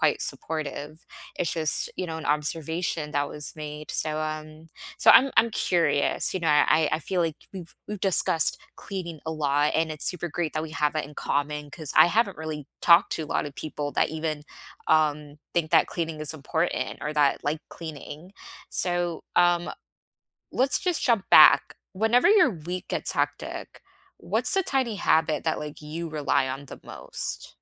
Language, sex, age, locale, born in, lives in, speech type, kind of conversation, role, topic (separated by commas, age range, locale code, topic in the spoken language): English, female, 25-29, en-US, United States, United States, conversation, unstructured, none, What small daily habit keeps you grounded during busy weeks, and how can we support each other?